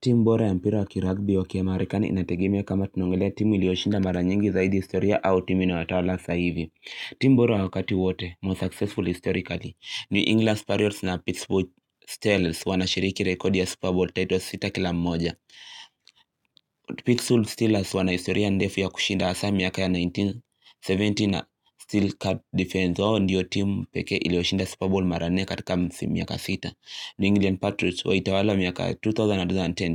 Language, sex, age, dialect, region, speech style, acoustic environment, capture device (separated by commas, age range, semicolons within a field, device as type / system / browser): Swahili, male, 18-24, Kenya - Nairobi Sheng-influenced, Kenya, happy, silence, mobile / Linux / Chrome